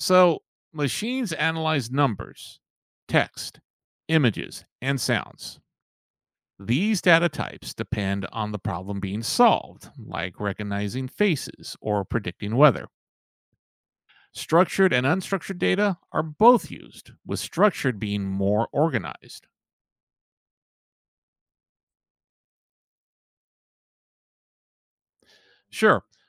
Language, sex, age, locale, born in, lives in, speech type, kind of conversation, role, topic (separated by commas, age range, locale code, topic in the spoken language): English, male, 55-59, en-US, United States, United States, read, expert-assistant, expert, How does machine learning identify patterns in data?